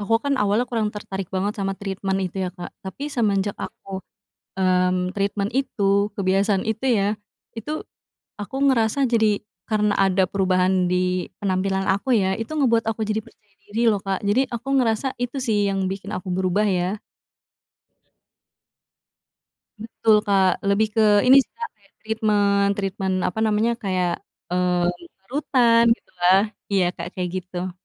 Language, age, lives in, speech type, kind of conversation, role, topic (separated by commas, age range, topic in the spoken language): Indonesian, 25-29, Indonesia, conversation, podcast, guest, Apa kebiasaan kecil yang membuat akhir pekanmu jadi lebih berkesan?